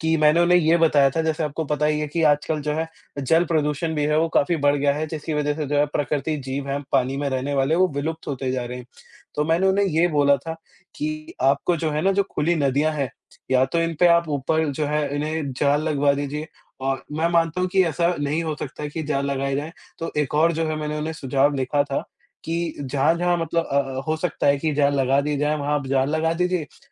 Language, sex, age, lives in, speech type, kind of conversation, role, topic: Hindi, male, 20-24, India, conversation, unstructured, none, तुम्हें प्रकृति से जुड़ी सबसे बड़ी समस्या क्या लगती है?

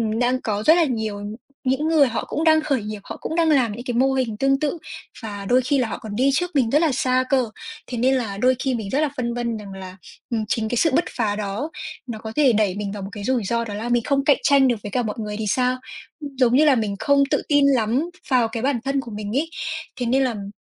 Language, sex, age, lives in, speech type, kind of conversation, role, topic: Vietnamese, female, 20-24, Vietnam, conversation, advice, user, Nên nghỉ việc để khởi nghiệp hay tiếp tục gắn bó với công việc hiện tại ổn định?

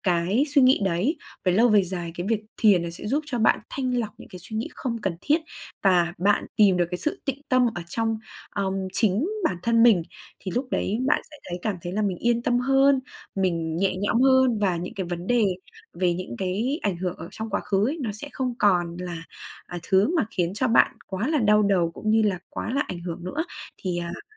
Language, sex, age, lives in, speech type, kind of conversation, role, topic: Vietnamese, female, 20-24, Vietnam, conversation, advice, advisor, Tôi thường xuyên bị ác mộng đến mức sợ đi ngủ, tôi nên làm gì?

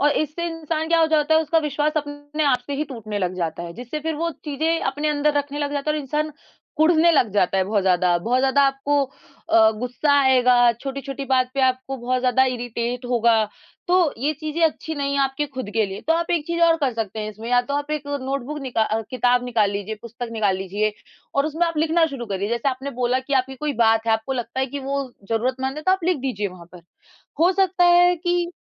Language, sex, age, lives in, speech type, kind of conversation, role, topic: Hindi, female, 20-24, India, conversation, advice, advisor, क्या आप लोगों की आलोचना के डर से अपनी बात नहीं रख पाते हैं?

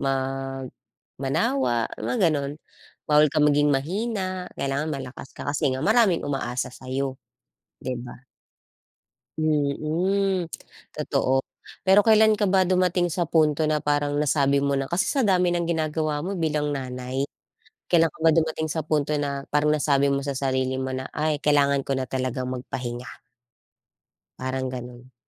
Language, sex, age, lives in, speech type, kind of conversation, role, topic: Filipino, female, 35-39, Philippines, conversation, podcast, host, Paano mo malalaman kung talagang pagod na pagod na ang katawan mo?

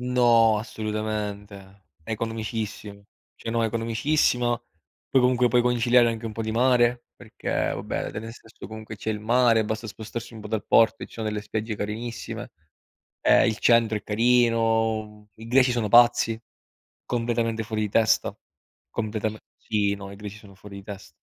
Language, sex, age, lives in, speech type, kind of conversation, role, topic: Italian, male, 30-34, Italy, conversation, unstructured, none, Come scegli la destinazione per una nuova avventura?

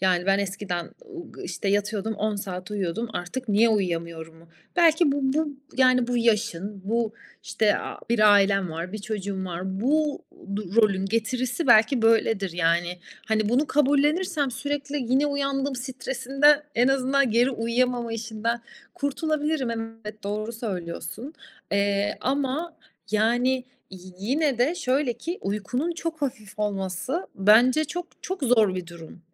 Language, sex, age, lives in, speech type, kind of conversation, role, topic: Turkish, female, 35-39, Italy, conversation, advice, user, Neden sürekli uyuyamıyorum ve sabahları neden bitkin uyanıyorum?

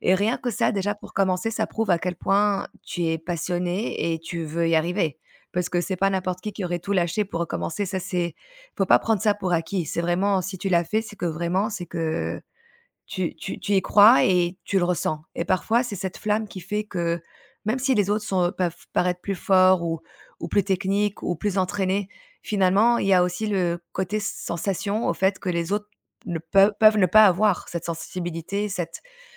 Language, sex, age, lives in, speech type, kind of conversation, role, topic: French, female, 35-39, Spain, conversation, advice, advisor, Comment le fait de te comparer aux autres t’a‑t‑il fait perdre confiance dans ta créativité ?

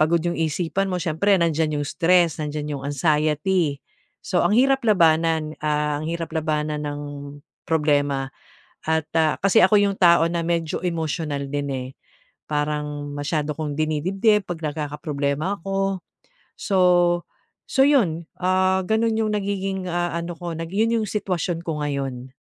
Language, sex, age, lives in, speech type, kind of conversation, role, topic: Filipino, female, 45-49, Philippines, conversation, advice, user, Paano ko maibabalik ang pokus ko pagkatapos mapagod ang isip ko?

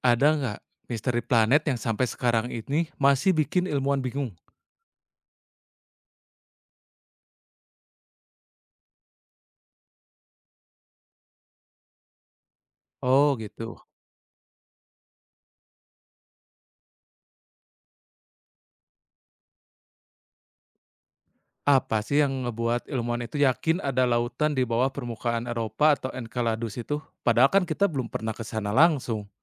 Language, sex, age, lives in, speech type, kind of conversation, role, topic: Indonesian, male, 30-34, Indonesia, read, expert-assistant, asker, Bagaimana memulai perjalanan belajar tentang planet-planet dan fenomena menarik yang terjadi di dalamnya?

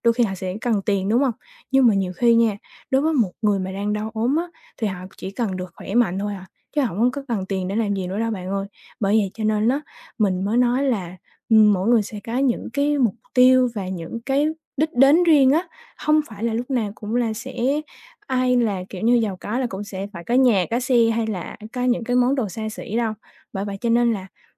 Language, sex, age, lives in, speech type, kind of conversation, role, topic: Vietnamese, female, 20-24, Vietnam, conversation, advice, advisor, Làm sao để tôi không còn thường xuyên so sánh tài sản và lối sống của mình với người khác?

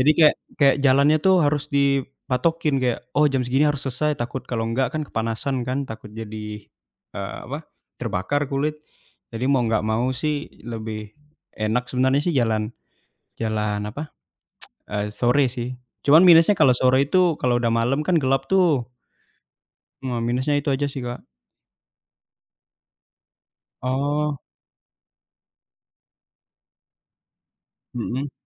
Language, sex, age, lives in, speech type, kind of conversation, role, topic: Indonesian, male, 20-24, Indonesia, conversation, unstructured, none, Aktivitas fisik apa yang selalu membuatmu merasa bahagia?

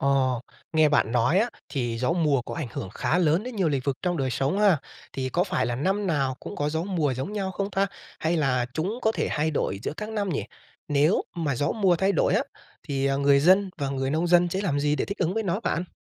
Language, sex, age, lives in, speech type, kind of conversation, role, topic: Vietnamese, male, 25-29, Vietnam, read, expert-assistant, asker, Vì sao có hiện tượng gió mùa?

